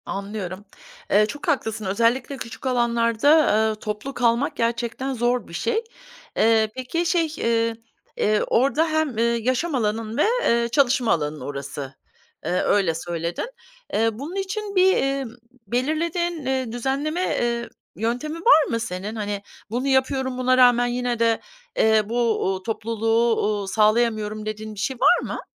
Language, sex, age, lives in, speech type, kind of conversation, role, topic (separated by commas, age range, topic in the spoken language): Turkish, female, 50-54, United States, conversation, advice, advisor, Çalışma alanımdaki düzensizlik ve dağınıklık odaklanmamı engelliyorsa bunu nasıl düzeltebilirim?